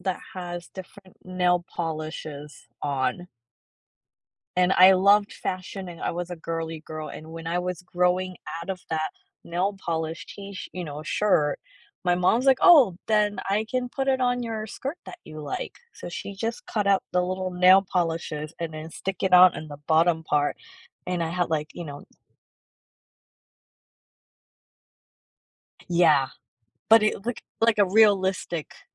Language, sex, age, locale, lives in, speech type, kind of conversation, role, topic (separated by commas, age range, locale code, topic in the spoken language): English, female, 35-39, en-US, United States, conversation, podcast, guest, What story does your favorite piece of clothing tell?